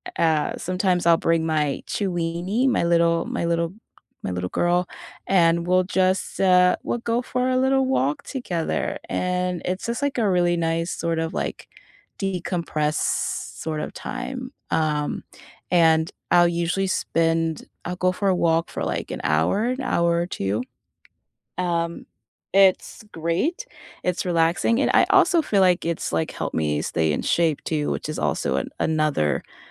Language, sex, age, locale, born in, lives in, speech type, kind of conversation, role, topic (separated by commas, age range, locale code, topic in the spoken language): English, female, 30-34, en-US, United States, United States, conversation, unstructured, none, What simple rituals or weekend routines help you genuinely recharge after a long, draining week, and why do they work for you?